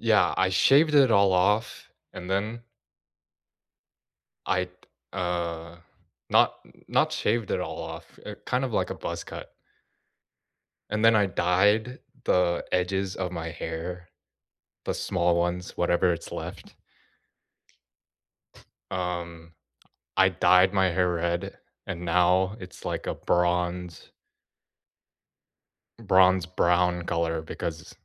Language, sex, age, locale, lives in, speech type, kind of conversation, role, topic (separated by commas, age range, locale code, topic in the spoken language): English, male, 20-24, en-US, United States, conversation, unstructured, none, What have recent changes, missteps, and small wins taught you about yourself and your connections?